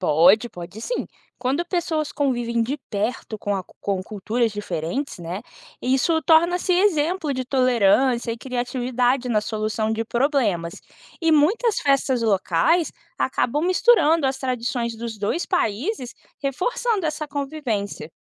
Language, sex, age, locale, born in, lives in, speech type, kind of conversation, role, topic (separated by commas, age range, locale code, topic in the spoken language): Portuguese, female, 25-29, pt-BR, Brazil, United States, read, expert-assistant, expert, Por que algumas cidades acabam divididas entre dois países? O que leva a essa situação e como afeta o dia a dia das pessoas?